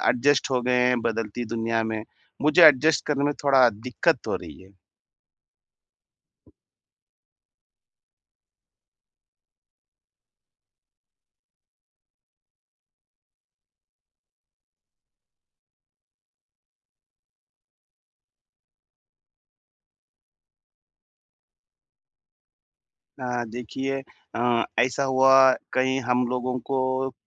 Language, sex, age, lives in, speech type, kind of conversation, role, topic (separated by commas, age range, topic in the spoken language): Hindi, male, 30-34, India, conversation, advice, user, तेजी से बदलती दुनिया में मैं खुद को बेहतर तरीके से कैसे अनुकूलित कर सकता/सकती हूँ?